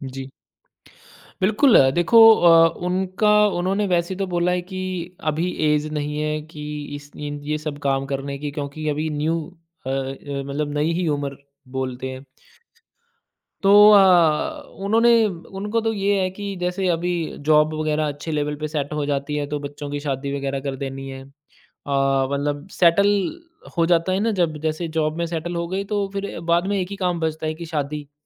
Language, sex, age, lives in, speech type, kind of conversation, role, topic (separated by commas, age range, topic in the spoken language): Hindi, male, 35-39, India, conversation, podcast, guest, Family expectations success ko kaise shape karti hain, tum kya sochte ho?